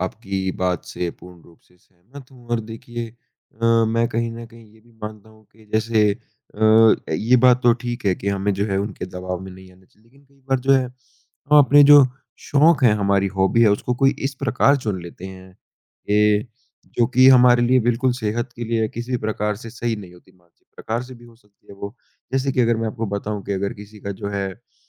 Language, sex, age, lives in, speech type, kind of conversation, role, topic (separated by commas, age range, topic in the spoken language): Hindi, male, 20-24, India, conversation, unstructured, none, क्या आपको लगता है कि शौक़ों का मानसिक स्वास्थ्य पर सकारात्मक प्रभाव पड़ता है?